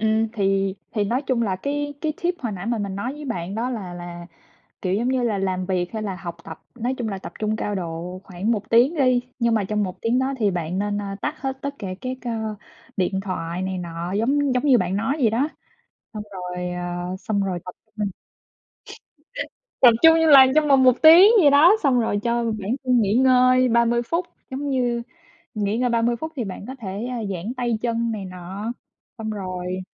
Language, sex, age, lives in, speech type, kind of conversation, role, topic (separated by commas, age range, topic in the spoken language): Vietnamese, male, 20-24, United States, conversation, unstructured, none, Bạn có thói quen nào giúp bạn tập trung hơn không?